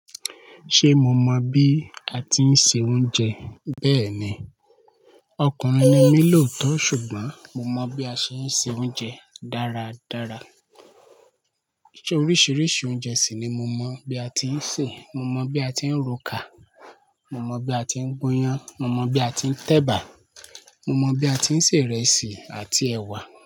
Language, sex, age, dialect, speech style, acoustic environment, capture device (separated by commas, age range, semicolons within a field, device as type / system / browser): Yoruba, male, 25-34, Nigeria - Lagos Yoruba, happy, nature; people talking, mobile / Linux / Chrome